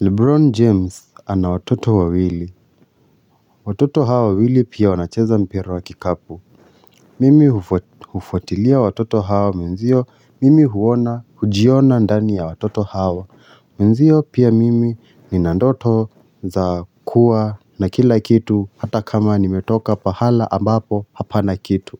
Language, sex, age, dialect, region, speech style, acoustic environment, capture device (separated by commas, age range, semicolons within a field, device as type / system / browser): Swahili, male, 25-34, Kenya - Nairobi Sheng-influenced, Kenya, relaxed, silence, mobile / Linux / Chrome